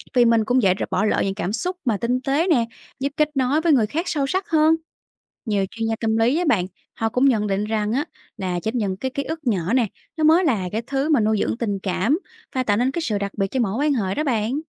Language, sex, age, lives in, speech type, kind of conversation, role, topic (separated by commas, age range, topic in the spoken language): Vietnamese, female, 30-34, Vietnam, read, expert-assistant, expert, Con người cần đặc điểm tiến hóa gì để thích nghi tốt hơn với cuộc sống hiện đại?